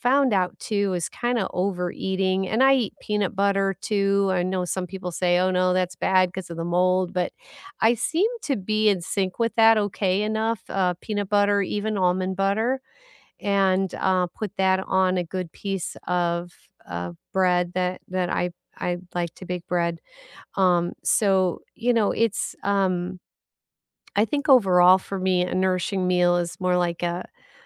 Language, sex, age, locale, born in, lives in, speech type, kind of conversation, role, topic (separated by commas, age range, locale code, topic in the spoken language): English, female, 60-64, en-US, United States, United States, conversation, unstructured, none, What does a nourishing meal look like to you?